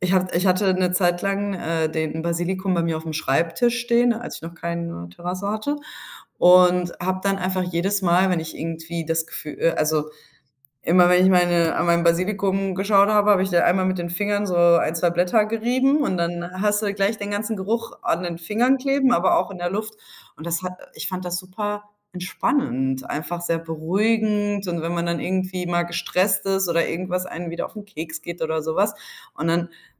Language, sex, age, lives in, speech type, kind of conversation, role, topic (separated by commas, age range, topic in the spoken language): German, female, 25-29, Spain, conversation, podcast, guest, Welche Rolle spielen Pflanzen bei der Gestaltung deines Wohnraums?